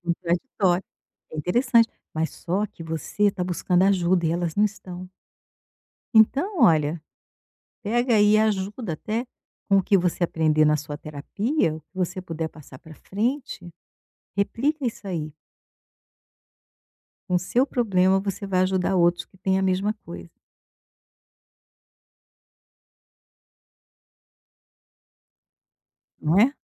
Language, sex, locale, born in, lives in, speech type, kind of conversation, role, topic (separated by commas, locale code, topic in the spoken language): Portuguese, female, pt-BR, Brazil, Portugal, conversation, advice, advisor, Por que sinto que preciso fingir minha personalidade nos relacionamentos para evitar conflitos?